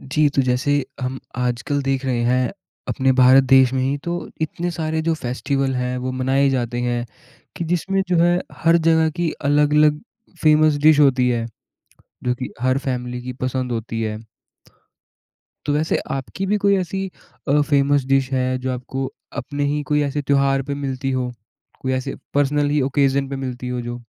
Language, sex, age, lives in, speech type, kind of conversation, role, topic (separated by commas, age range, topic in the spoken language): Hindi, male, 20-24, India, conversation, podcast, host, Aapke parivaar ke liye kaunsi tyohari dish sabse khaas hoti hai?